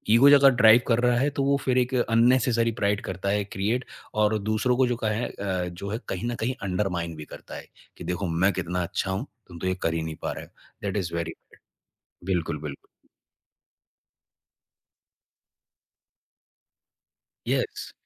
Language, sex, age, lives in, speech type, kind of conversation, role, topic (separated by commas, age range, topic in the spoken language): Hindi, male, 25-29, India, conversation, podcast, guest, Tumhare liye Pride ka kya matlab hai, yaar?